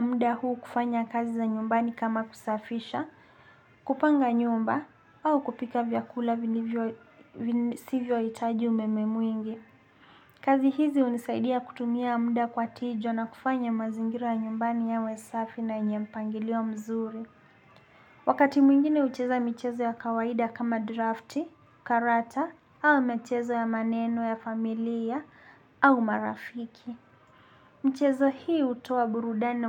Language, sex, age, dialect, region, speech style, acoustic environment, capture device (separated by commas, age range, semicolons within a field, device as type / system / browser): Swahili, male, 25-34, Kenya - Nairobi Sheng-influenced, Kenya, happy, silence, desktop / Linux / Chrome